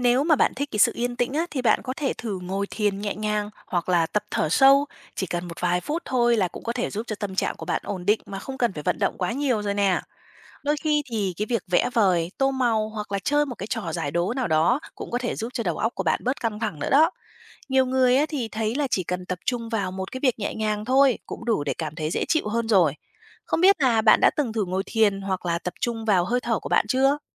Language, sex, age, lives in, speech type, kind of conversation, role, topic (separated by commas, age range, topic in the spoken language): Vietnamese, female, 30-34, Vietnam, read, expert-assistant, expert, Nếu bạn thân hoán đổi vai cho nhau, tình bạn sẽ thay đổi thế nào?